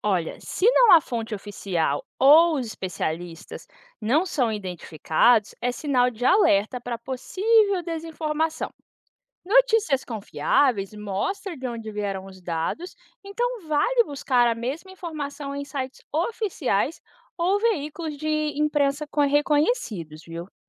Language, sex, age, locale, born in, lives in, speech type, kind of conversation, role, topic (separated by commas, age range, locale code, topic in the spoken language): Portuguese, female, 25-29, pt-BR, Brazil, United States, read, expert-assistant, expert, Como saber se uma notícia sobre programas sociais é confiável?